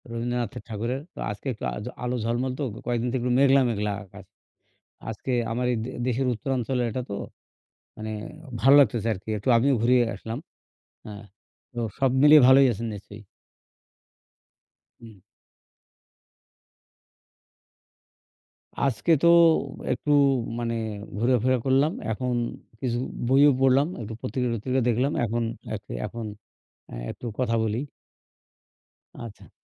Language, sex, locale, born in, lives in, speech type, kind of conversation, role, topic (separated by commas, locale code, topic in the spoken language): Bengali, male, bn-BD, Bangladesh, Bangladesh, conversation, unstructured, none, আপনি ইতিহাস থেকে কীভাবে আনন্দ পান?